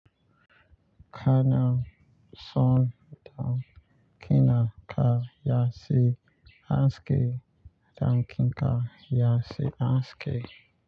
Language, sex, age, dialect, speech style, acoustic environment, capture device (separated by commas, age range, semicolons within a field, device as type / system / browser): Hausa, male, 25-34, Nigeria - Lagos Yoruba, focused, nature, mobile / Linux / Chrome